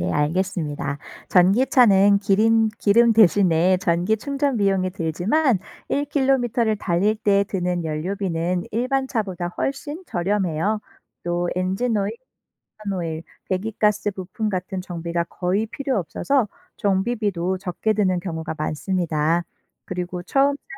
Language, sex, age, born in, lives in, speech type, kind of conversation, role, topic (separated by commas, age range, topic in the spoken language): Korean, female, 35-39, South Korea, Germany, read, expert-assistant, expert, 왜 자동차는 연료가 필요할까요?